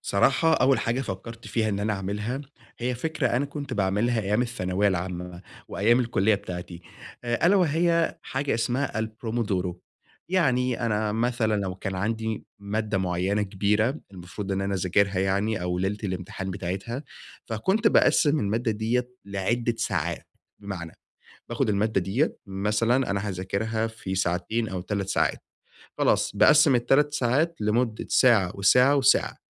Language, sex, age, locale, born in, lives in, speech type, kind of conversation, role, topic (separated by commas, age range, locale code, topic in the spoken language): Arabic, male, 20-24, ar-EG, Egypt, Egypt, conversation, advice, user, إزاي أوزّع مهامي عشان أفضل نشيط وماتعبش نفسي؟